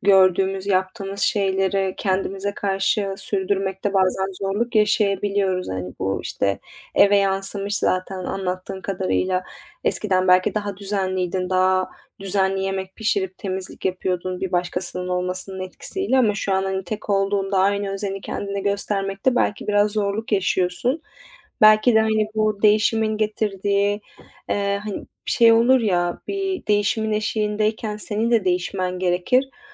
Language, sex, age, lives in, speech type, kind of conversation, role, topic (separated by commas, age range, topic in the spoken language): Turkish, female, 25-29, Italy, conversation, advice, advisor, Ayrılıktan sonra özgüvenimi ve bağımsızlığımı nasıl yeniden kazanabilirim?